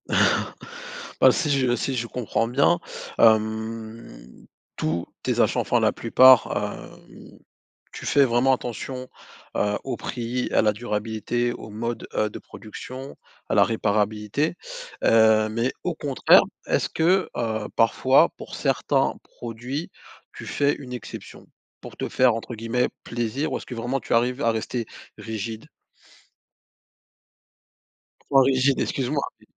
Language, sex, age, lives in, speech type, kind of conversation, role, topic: French, male, 30-34, France, conversation, podcast, host, Comment choisir entre le prix et la durabilité ?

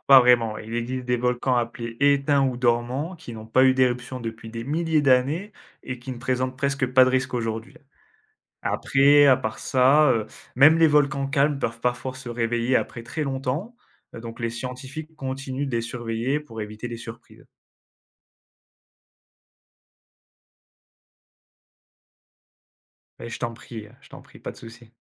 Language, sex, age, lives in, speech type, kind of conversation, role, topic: French, male, 25-29, France, read, expert-assistant, expert, Comment les volcans se forment-ils ?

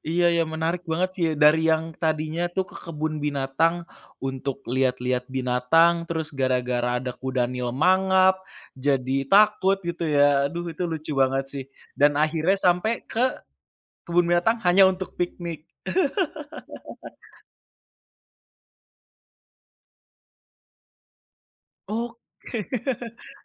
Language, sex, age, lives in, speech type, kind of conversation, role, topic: Indonesian, male, 25-29, Indonesia, conversation, podcast, host, Apa pengalaman paling berkesan yang pernah kamu alami bersama keluarga?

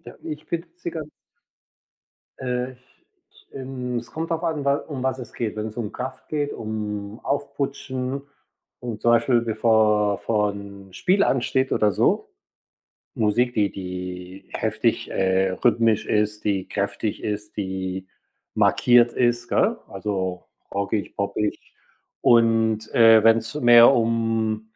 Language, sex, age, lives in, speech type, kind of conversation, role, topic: German, male, 60-64, Spain, conversation, unstructured, none, Wie beeinflusst Musik deine Stimmung im Alltag?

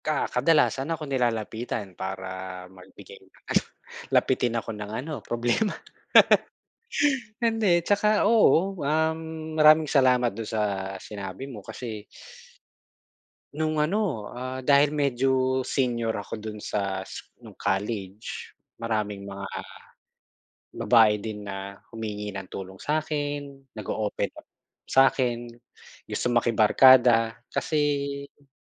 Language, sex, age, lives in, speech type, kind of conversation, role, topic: Filipino, male, 40-44, Philippines, conversation, unstructured, none, Ano ang kahalagahan ng pagtitiwala sa pagkakaibigan para sa’yo?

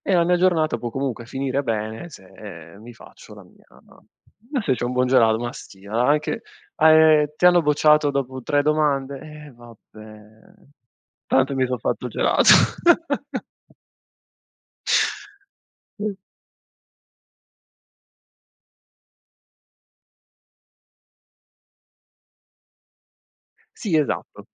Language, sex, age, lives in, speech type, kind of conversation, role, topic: Italian, male, 25-29, Italy, conversation, podcast, guest, Qual è il piccolo piacere quotidiano a cui non rinunceresti mai?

